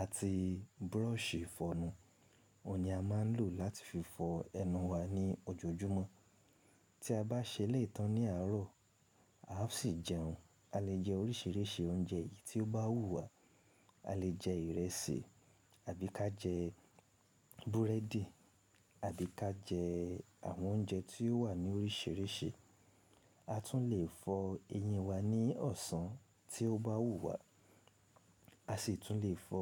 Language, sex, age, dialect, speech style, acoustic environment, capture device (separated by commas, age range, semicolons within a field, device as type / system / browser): Yoruba, male, 25-34, Nigeria - Ibadan, relaxed, silence, mobile / Linux / Chrome